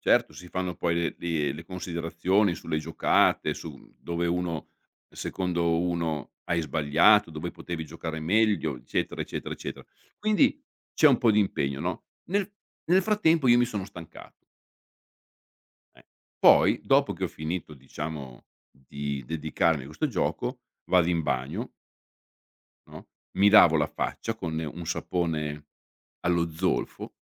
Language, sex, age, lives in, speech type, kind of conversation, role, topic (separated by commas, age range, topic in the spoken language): Italian, male, 55-59, Italy, conversation, podcast, guest, Quali abitudini ti aiutano a dormire meglio la notte?